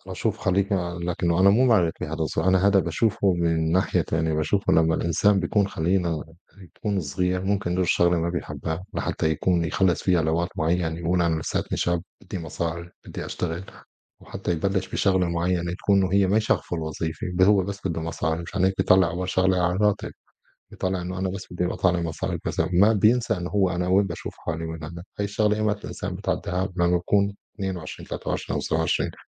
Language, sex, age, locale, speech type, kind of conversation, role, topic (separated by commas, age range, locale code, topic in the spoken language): Arabic, male, 35-39, ar-SY, conversation, unstructured, none, إزاي تختار الشغل اللي يناسبك؟